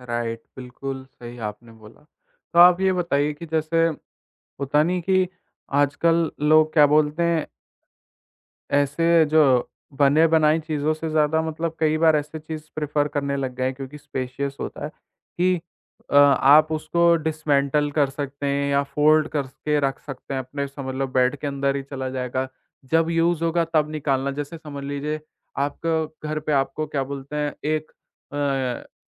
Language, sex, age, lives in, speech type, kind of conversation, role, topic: Hindi, male, 20-24, India, conversation, podcast, host, Small flat ko zyada khula aur bada dikhane ke liye hum kaunse simple tareeke apna sakte hain?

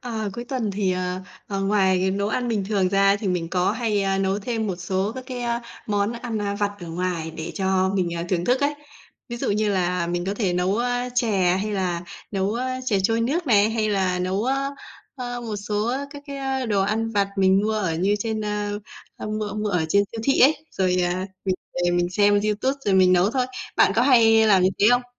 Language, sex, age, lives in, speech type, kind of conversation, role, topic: Vietnamese, female, 30-34, Vietnam, conversation, unstructured, none, Bạn thích ăn món gì nhất khi còn nhỏ?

